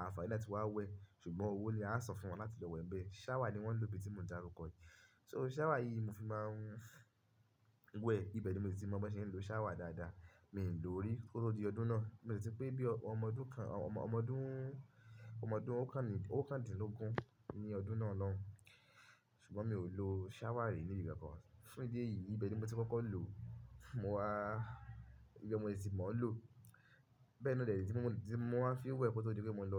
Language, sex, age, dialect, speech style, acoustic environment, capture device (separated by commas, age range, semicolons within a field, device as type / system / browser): Yoruba, male, 25-34, Nigeria - Ibadan, focused, nature; silence, mobile / Linux / Chrome